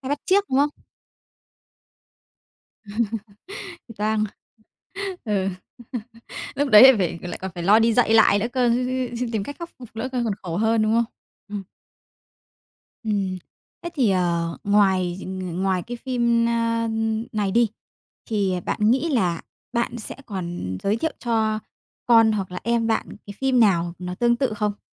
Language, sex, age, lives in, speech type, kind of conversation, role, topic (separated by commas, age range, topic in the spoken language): Vietnamese, female, 30-34, Vietnam, conversation, podcast, host, Bạn có thể kể về một bộ phim hoặc chương trình đã khiến bạn thay đổi cách nghĩ không?